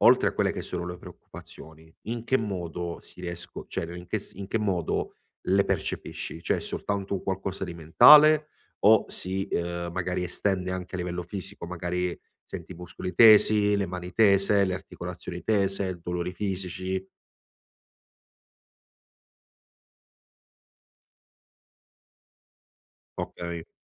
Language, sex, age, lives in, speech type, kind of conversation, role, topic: Italian, male, 25-29, Italy, conversation, advice, advisor, Come posso ridurre le distrazioni al lavoro usando tecniche di concentrazione e intervalli?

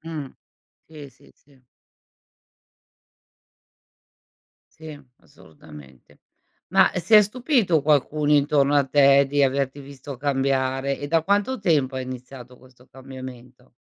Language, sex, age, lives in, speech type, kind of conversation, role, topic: Italian, female, 55-59, Italy, conversation, podcast, host, Raccontami di una volta in cui hai imparato da un fallimento?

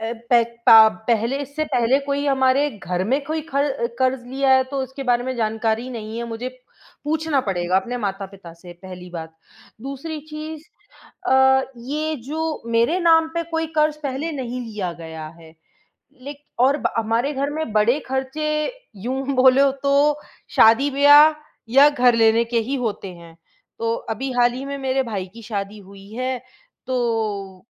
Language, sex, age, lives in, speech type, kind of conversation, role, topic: Hindi, female, 25-29, India, conversation, advice, user, क्या बड़े घर को खरीदने के लिए ऋण लेना आपके लिए सही फैसला होगा?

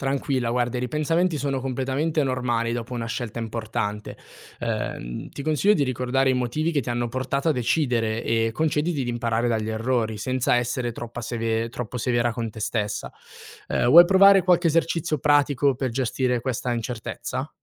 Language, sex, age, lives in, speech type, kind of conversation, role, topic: Italian, male, 18-19, Italy, read, expert-assistant, expert, Conviene puntare su piccoli cambiamenti quotidiani o su grandi sfide per migliorarsi nella vita?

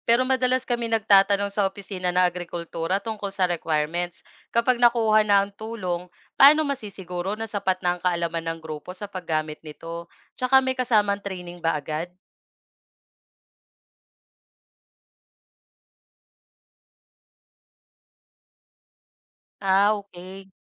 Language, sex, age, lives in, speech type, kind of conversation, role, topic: Filipino, female, 25-29, Philippines, read, expert-assistant, asker, Paano ko dapat paghandaan ang paglipat mula tradisyonal papunta sa high-tech na paraan ng pagsasaka?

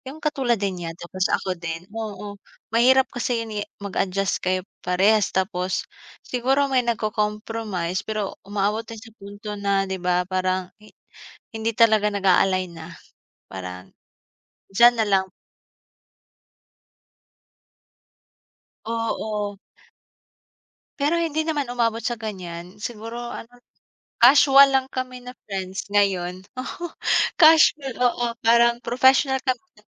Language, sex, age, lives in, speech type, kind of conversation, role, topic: Filipino, female, 25-29, Philippines, conversation, unstructured, none, Paano mo pinipili kung sino ang tunay mong kaibigan?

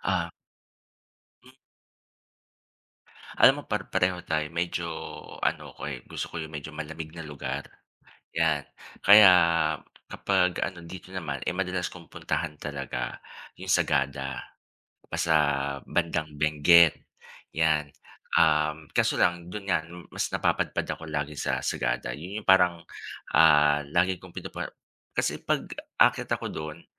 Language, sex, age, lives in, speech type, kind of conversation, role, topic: Filipino, male, 40-44, Philippines, conversation, unstructured, none, Saan ang pinakapaborito mong lugar na napuntahan, at bakit?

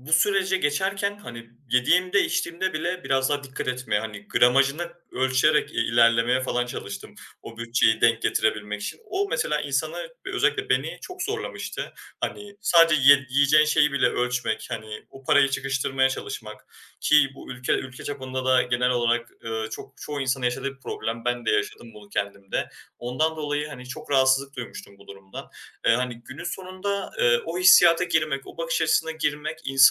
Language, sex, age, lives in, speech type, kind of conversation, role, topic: Turkish, male, 20-24, Germany, conversation, advice, user, Bütçeniz daraldıktan sonra yaşam tarzınızı ve harcama alışkanlıklarınızı nasıl değiştirdiniz?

